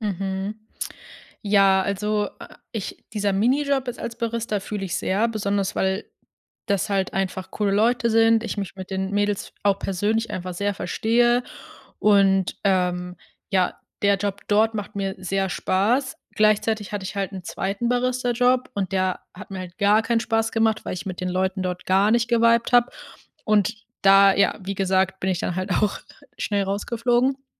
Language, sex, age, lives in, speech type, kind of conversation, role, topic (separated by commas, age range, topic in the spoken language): German, female, 25-29, Germany, conversation, advice, user, Wie kannst du deine Finanzen neu ordnen, Schulden abbauen und einen Sparplan erstellen?